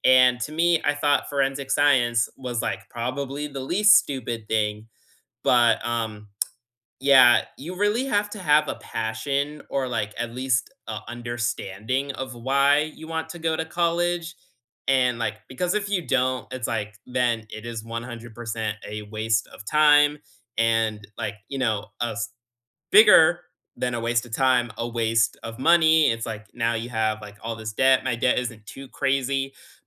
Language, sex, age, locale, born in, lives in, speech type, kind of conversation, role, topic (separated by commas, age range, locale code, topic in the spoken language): English, male, 20-24, en-US, United States, United States, conversation, unstructured, none, What routines, boundaries, and small hacks help you juggle homework, hobbies, and sleep during hectic school weeks?